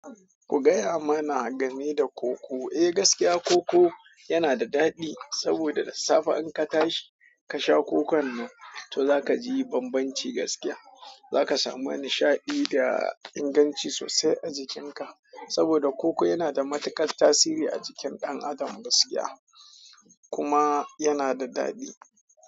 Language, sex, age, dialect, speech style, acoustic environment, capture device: Hausa, male, 25-34, Nigeria - Kano, happy; excited, people talking, mobile / Android / Chrome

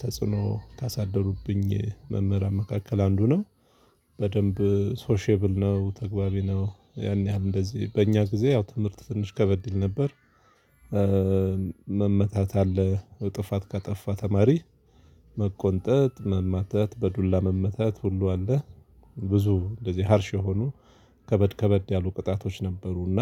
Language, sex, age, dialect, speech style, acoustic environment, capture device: Amharic, male, 35-44, Ethiopia - Addis Ababa, focused, people talking, mobile / Linux / Chrome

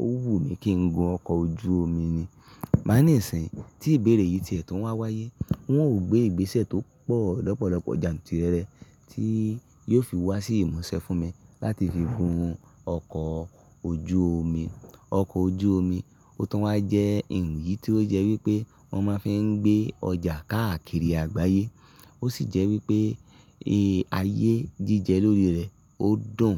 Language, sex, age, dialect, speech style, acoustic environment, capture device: Yoruba, male, 25-34, Nigeria - Ibadan, happy; excited, silence; nature, mobile / Linux / Chrome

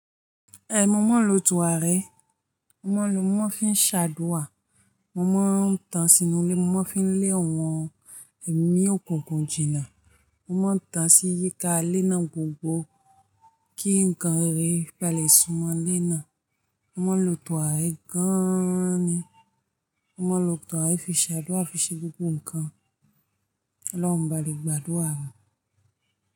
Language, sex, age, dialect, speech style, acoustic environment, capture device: Yoruba, female, 25-34, Nigeria - Ijebu, happy; focused, people talking; music; nature, mobile / Linux / Chrome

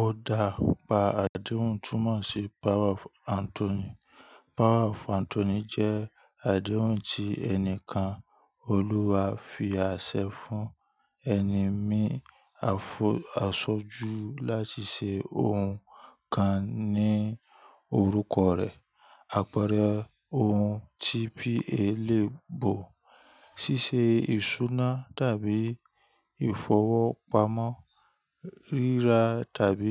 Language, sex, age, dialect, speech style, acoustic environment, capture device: Yoruba, male, 25-34, Nigeria - Ibadan, relaxed, people talking; nature, mobile / Linux / Chrome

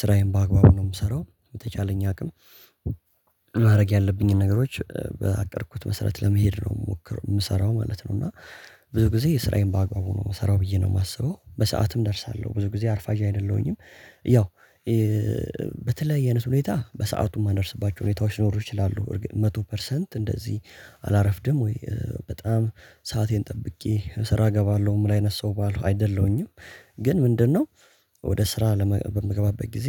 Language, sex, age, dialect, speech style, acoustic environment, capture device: Amharic, male, 18-24, Ethiopia - Addis Ababa, focused, silence, mobile / Linux / Chrome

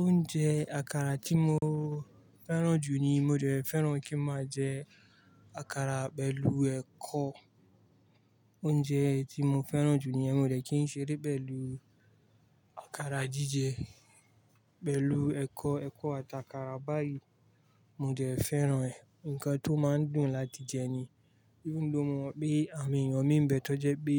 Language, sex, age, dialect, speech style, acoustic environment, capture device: Yoruba, male, 25-34, Nigeria - Kano, relaxed, traffic, mobile / Linux / Chrome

